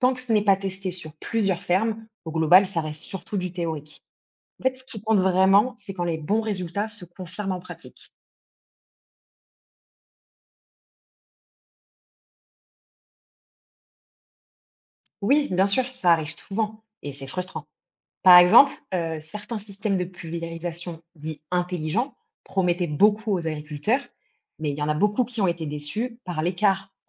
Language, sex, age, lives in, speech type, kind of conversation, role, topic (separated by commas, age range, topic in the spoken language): French, female, 30-34, France, read, expert-assistant, expert, Comment distinguer une véritable innovation agricole d’un simple gadget ?